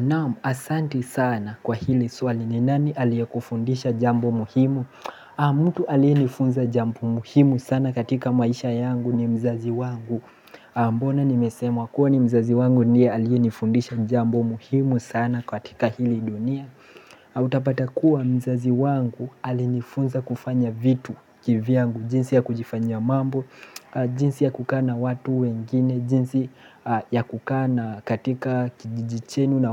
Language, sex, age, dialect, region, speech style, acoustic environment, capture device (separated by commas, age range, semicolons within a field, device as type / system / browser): Swahili, male, 18-24, Kenya - Mombasa, Kenya, relaxed; focused, people talking; appliances, mobile / Linux / Chrome